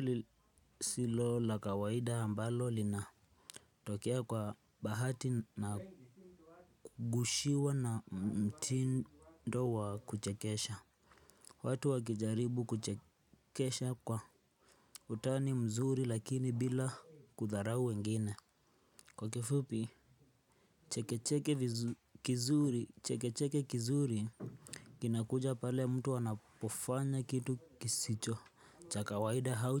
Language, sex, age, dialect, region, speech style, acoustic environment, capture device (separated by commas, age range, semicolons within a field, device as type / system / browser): Swahili, male, 18-24, Kenya - Nairobi Sheng-influenced, Kenya, happy, nature; silence, desktop / Linux / Chrome